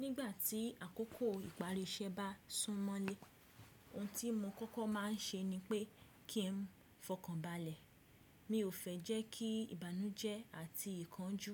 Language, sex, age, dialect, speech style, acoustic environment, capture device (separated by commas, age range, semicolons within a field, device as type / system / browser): Yoruba, female, 25-34, Nigeria - Ibadan, relaxed; focused, silence, desktop / Windows / Chrome